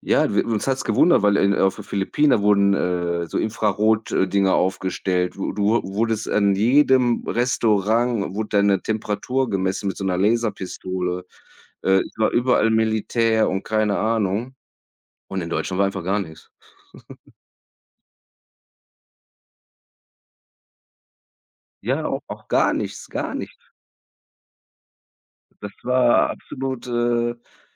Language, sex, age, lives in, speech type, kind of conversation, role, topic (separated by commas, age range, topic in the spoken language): German, male, 35-39, Germany, conversation, unstructured, none, Was war deine größte Herausforderung auf Reisen?